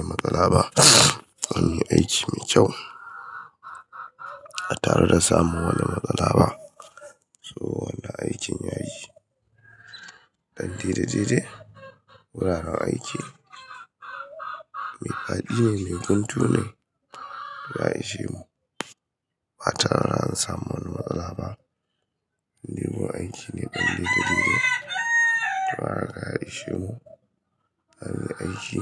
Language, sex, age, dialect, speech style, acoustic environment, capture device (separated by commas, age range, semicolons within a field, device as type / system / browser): Hausa, male, 25-34, Nigeria - Kano, happy; excited, people talking; construction, mobile / Linux / Chrome